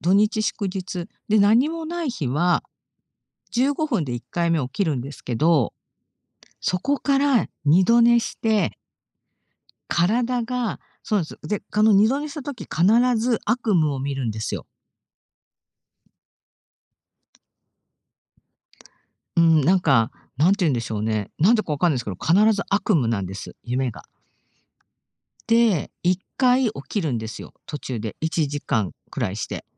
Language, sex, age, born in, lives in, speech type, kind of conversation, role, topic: Japanese, female, 50-54, Japan, Japan, conversation, podcast, guest, 昼寝は普段していますか？どんなときに取りますか？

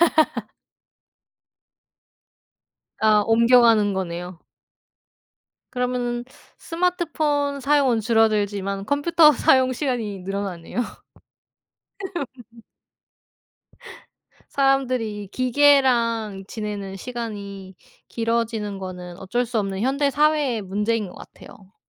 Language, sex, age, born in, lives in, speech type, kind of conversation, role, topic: Korean, female, 30-34, South Korea, South Korea, conversation, unstructured, none, 스마트폰을 사용하는 시간이 너무 긴 것 같지 않나요?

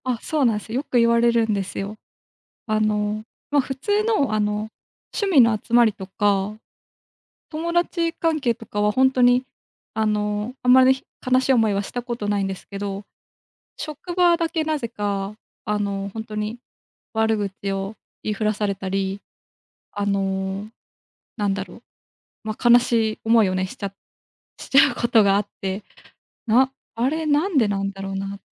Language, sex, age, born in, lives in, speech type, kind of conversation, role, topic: Japanese, female, 25-29, Japan, Japan, conversation, advice, user, 社会やコミュニティとのつながりが薄く、孤独を感じているのはなぜですか？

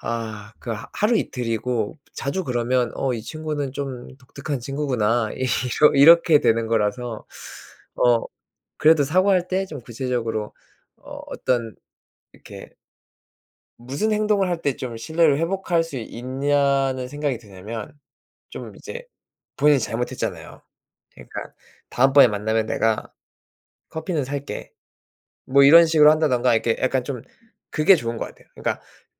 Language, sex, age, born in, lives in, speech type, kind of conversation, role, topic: Korean, male, 30-34, South Korea, South Korea, conversation, unstructured, none, 약속을 지키지 못했을 때 어떻게 진정성 있게 사과하면 좋을까요?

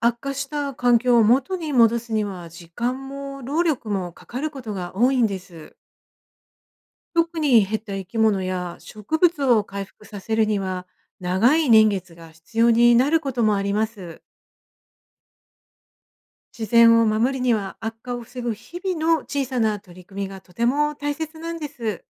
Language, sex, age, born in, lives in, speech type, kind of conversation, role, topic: Japanese, female, 50-54, Japan, Japan, read, expert-assistant, expert, 地域で川や湿地の自然環境を守るにはどうしたらいいですか？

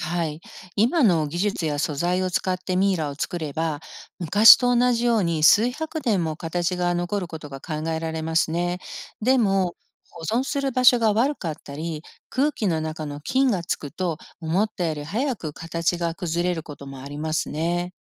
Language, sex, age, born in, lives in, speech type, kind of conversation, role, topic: Japanese, female, 55-59, Japan, United States, read, expert-assistant, expert, なぜミイラは長い間保存されるのでしょうか？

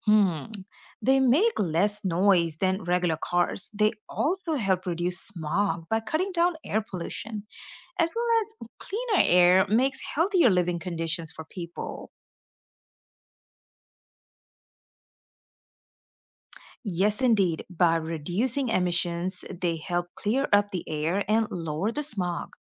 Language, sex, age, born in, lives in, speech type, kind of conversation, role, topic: English, female, 35-39, India, United States, read, expert-assistant, expert, How do electric cars contribute to reducing air pollution?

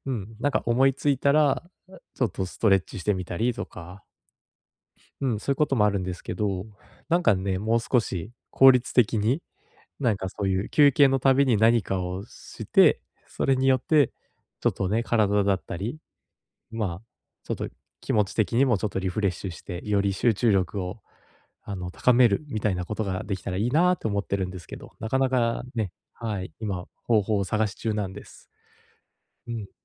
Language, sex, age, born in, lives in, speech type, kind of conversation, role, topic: Japanese, male, 30-34, Japan, Japan, conversation, advice, user, 短時間の休憩で集中力を回復するにはどうすればよいですか？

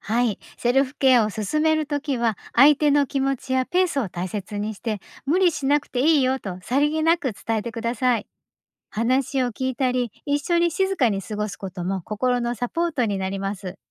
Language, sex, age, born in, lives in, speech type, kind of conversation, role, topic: Japanese, female, 50-54, Japan, Japan, read, expert-assistant, expert, 忙しい日々の中で、自分の心のケアをどう計画的に取り入れたらいいですか？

